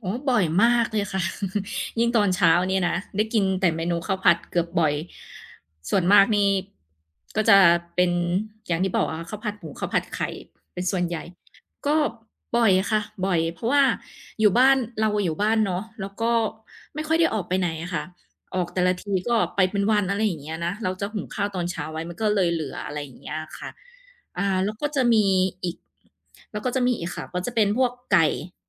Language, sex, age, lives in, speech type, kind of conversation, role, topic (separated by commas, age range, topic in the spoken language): Thai, female, 30-34, Thailand, conversation, podcast, guest, คุณมีวิธีคิดเมนูจากของเหลือในตู้เย็นอย่างไรบ้าง?